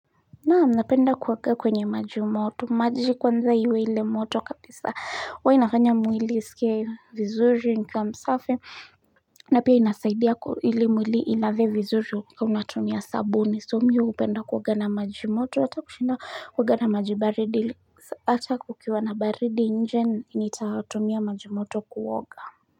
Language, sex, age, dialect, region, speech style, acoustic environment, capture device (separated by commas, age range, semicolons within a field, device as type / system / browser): Swahili, female, 25-34, Kenya - Nairobi Sheng-influenced, Kenya, nervous, nature, mobile / Linux / Chrome